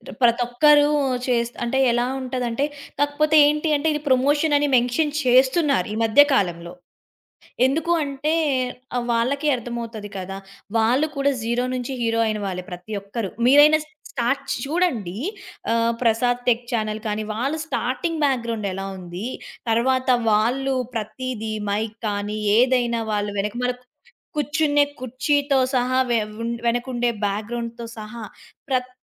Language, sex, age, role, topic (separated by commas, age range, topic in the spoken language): Telugu, female, 20-24, guest, సోషల్ మీడియా మీపై ఎలా ప్రభావం చూపుతోంది?